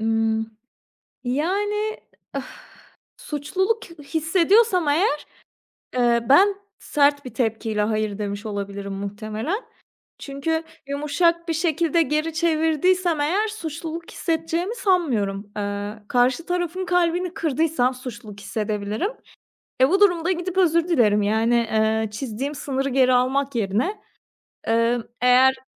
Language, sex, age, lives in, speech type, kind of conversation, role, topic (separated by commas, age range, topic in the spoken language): Turkish, female, 30-34, Portugal, conversation, podcast, guest, Birine hayır demen gerektiğinde nasıl davranırsın, bunu söylemek senin için zor mu?